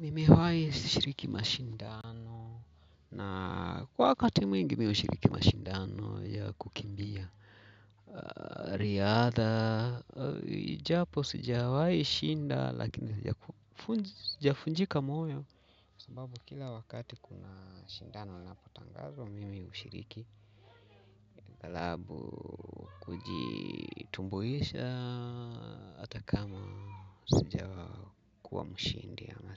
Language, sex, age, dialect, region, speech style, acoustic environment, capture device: Swahili, male, 45-54, Kenya - Mombasa, Kenya, relaxed, people talking, mobile / Linux / Chrome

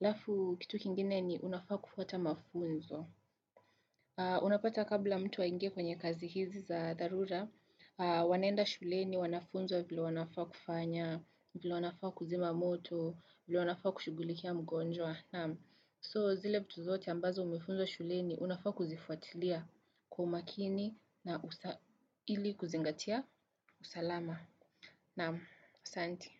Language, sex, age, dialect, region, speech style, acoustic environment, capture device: Swahili, female, 18-24, Kenya - Nairobi Sheng-influenced, Kenya, nervous; focused, people talking; traffic, desktop / Windows / Chrome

